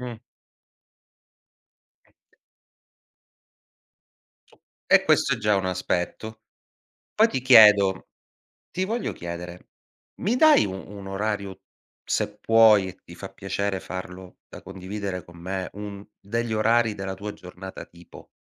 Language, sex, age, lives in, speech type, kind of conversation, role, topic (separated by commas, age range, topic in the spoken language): Italian, male, 40-44, Italy, conversation, advice, advisor, Come descriveresti la tua routine mattutina disorganizzata che rende la giornata meno produttiva?